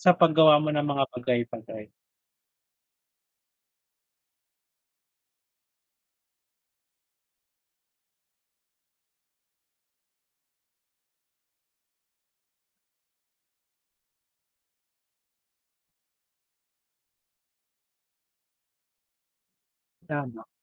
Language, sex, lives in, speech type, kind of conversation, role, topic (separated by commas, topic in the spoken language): Filipino, male, Philippines, conversation, unstructured, none, Ano ang ibig sabihin ng tiwala para sa’yo sa isang relasyon?